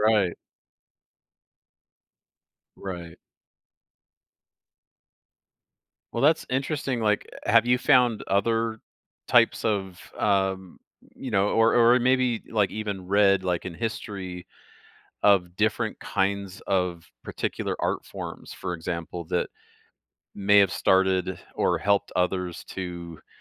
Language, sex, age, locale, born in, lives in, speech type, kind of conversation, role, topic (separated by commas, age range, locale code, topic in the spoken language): English, male, 45-49, en-US, Canada, United States, conversation, podcast, host, How does art help us understand different perspectives and experiences?